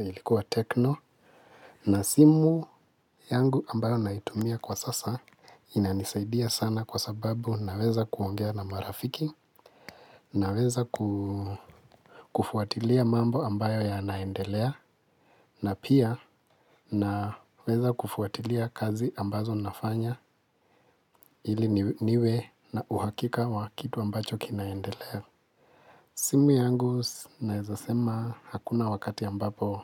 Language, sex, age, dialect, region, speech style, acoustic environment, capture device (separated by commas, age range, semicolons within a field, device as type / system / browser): Swahili, male, 25-34, Kenya - Nairobi Sheng-influenced, Kenya, relaxed, silence; nature, mobile / Linux / Chrome